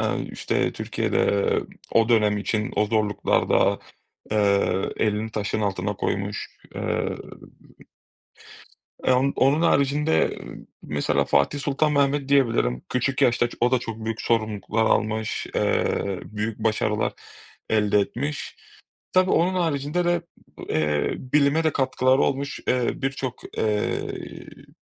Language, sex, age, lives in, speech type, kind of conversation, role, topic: Turkish, male, 30-34, Greece, conversation, unstructured, none, Tarihte seni en çok etkileyen kahraman kimdi?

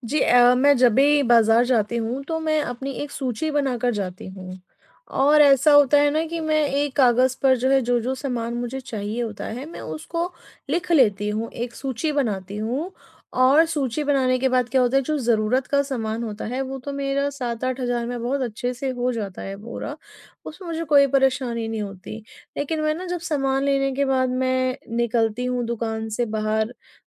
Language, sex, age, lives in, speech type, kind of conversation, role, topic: Hindi, female, 30-34, India, conversation, advice, user, बजट में अच्छा खरीदना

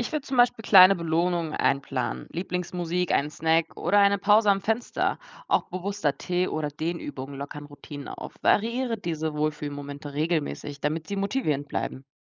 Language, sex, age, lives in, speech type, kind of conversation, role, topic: German, female, 20-24, Germany, read, expert-assistant, expert, Wie könnten wir unsere täglichen Routinen anders gestalten, damit sie unserem seelischen Wohlbefinden besser helfen?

